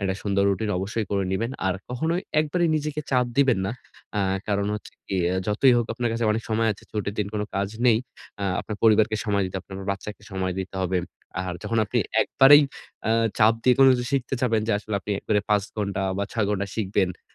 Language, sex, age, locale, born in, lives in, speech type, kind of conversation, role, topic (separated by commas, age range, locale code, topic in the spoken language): Bengali, male, 25-29, bn-BD, Bangladesh, Bangladesh, conversation, advice, advisor, ছুটির পরিকল্পনায় সময়সীমা ঠিক করে কীভাবে কম ঝামেলায় সব গুছিয়ে নিতে পারি?